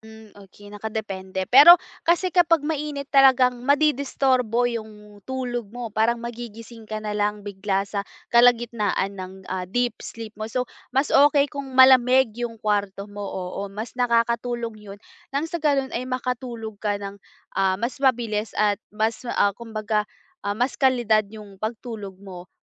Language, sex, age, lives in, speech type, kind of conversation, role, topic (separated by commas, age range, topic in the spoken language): Filipino, female, 20-24, Philippines, conversation, advice, advisor, Paano ko mapapabuti ang kalidad ng tulog ko gabi-gabi?